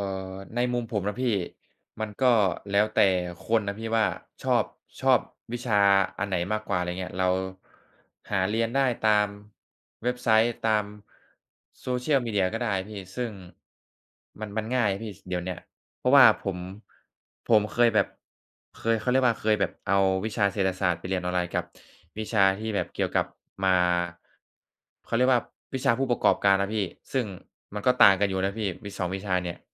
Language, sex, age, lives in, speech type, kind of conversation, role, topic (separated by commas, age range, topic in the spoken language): Thai, male, 20-24, Thailand, conversation, unstructured, none, การเรียนออนไลน์กับการเรียนในห้องเรียนแตกต่างกันอย่างไร?